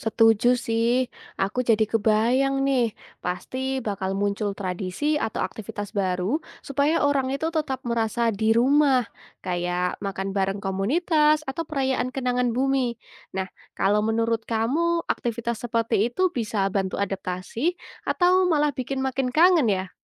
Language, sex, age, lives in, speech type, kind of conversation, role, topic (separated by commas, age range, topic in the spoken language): Indonesian, female, 20-24, Indonesia, read, expert-assistant, asker, Kalau suatu saat manusia bisa tinggal di planet lain, menurutmu apa arti 'rumah' akan berubah?